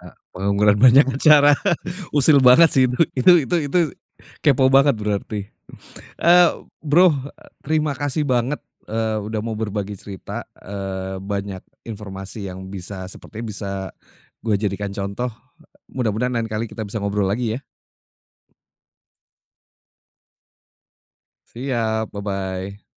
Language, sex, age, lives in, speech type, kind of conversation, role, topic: Indonesian, male, 40-44, Indonesia, conversation, podcast, host, Kamu lebih memilih bekerja dari jarak jauh atau bekerja di kantor, dan apa alasannya?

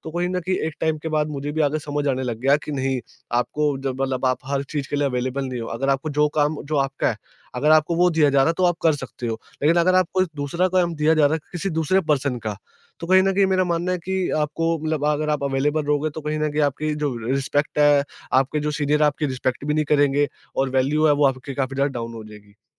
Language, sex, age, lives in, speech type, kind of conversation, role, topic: Hindi, male, 20-24, India, conversation, podcast, guest, Kab tumne apne liye pehli baar “na” bola, aur tumhe kaisa laga?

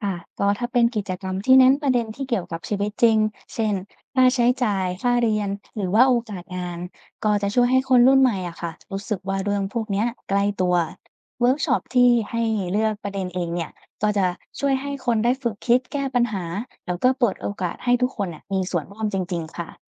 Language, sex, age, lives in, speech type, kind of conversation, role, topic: Thai, female, 20-24, Thailand, read, expert-assistant, expert, ปัจจัยอะไรที่มีผลต่อการตัดสินใจเลือกตั้งของคนไทยมากที่สุด?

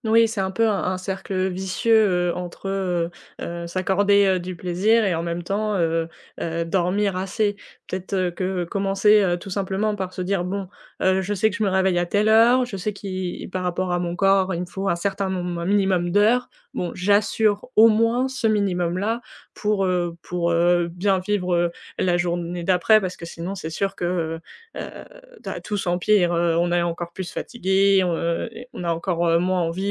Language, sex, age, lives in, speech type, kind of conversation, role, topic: French, female, 20-24, France, conversation, advice, advisor, Comment puis-je me réveiller avec plus d’énergie le matin ?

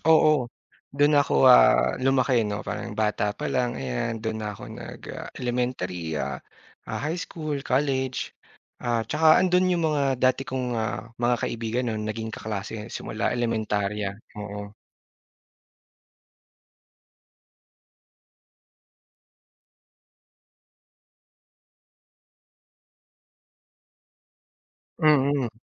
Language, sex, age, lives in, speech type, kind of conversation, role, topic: Filipino, male, 30-34, Philippines, conversation, podcast, guest, Paano ka nakaangkop sa isang malaking pagbabago sa buhay mo?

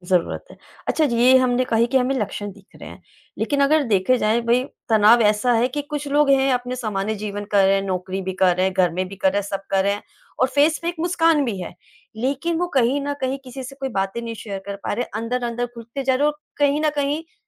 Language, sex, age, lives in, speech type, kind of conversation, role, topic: Hindi, female, 35-39, India, conversation, podcast, host, कब आपको लगता है कि पेशेवर मदद लेनी चाहिए?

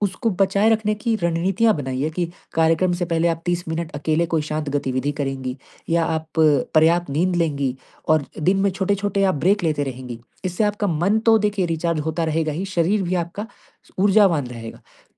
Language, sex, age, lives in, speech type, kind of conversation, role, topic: Hindi, male, 20-24, India, conversation, advice, advisor, त्योहारों और पार्टियों में जाते समय भावनात्मक थकान कम करने के लिए मैं क्या कर सकता/सकती हूँ?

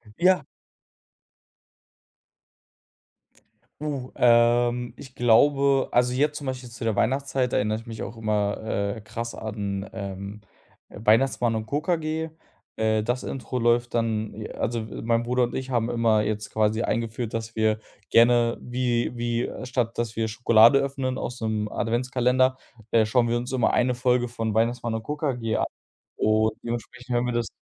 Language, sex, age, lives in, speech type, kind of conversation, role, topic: German, male, 25-29, Germany, conversation, podcast, guest, Welches Lied beschreibt deine Kindheit am besten?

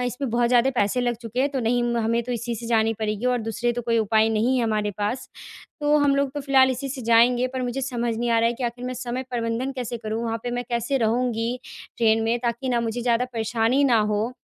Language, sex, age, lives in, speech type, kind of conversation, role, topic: Hindi, female, 20-24, India, conversation, advice, user, मैं अपनी यात्रा और समय का बेहतर प्रबंधन कैसे करूँ?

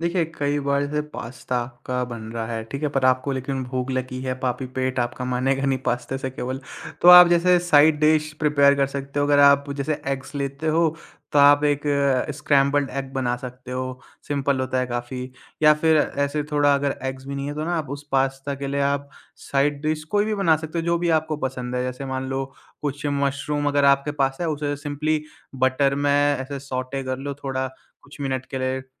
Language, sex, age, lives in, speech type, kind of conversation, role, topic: Hindi, male, 20-24, India, conversation, podcast, guest, Tumhara favorite sukoon wala khaana kaunsa hai, aur woh tumhe itna sukoon kyun deta hai?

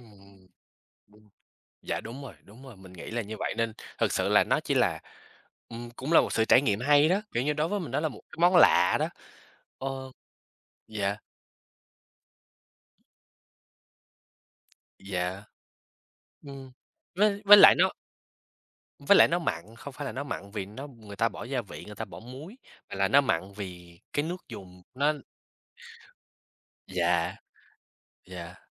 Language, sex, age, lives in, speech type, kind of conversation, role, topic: Vietnamese, male, 20-24, United States, conversation, unstructured, none, Bạn đã bao giờ thử một món ăn nước ngoài lạ chưa?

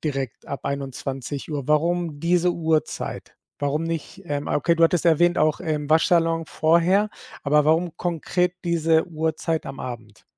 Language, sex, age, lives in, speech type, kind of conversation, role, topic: German, male, 40-44, Spain, conversation, advice, advisor, Wie kann ich meine Bildschirmzeit am Abend reduzieren?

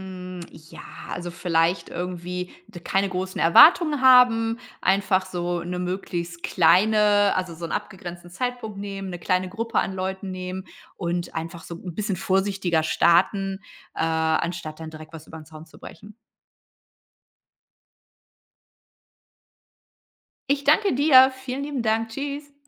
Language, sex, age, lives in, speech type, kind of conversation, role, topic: German, female, 45-49, Germany, conversation, podcast, guest, Wie knüpfst du neue Freundschaften?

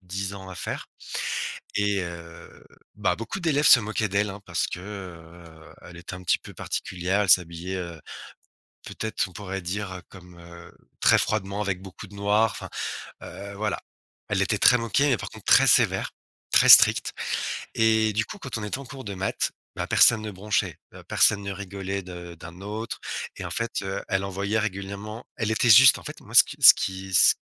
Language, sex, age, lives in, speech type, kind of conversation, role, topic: French, male, 35-39, France, conversation, podcast, guest, Comment s’est passé ton parcours scolaire ?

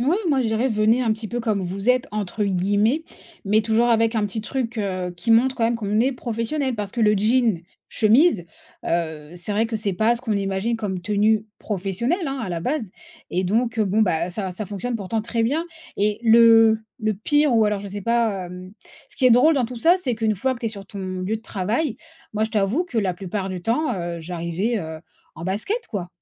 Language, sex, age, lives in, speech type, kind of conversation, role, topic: French, female, 30-34, France, conversation, podcast, guest, As-tu une astuce simple pour paraître plus confiant(e) ?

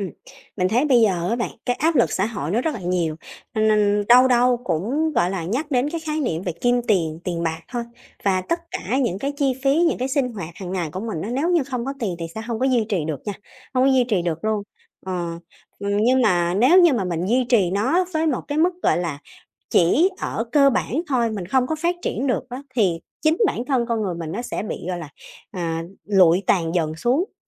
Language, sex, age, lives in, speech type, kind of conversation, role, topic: Vietnamese, female, 30-34, Vietnam, conversation, podcast, guest, Bạn ưu tiên tiền bạc hay thời gian rảnh?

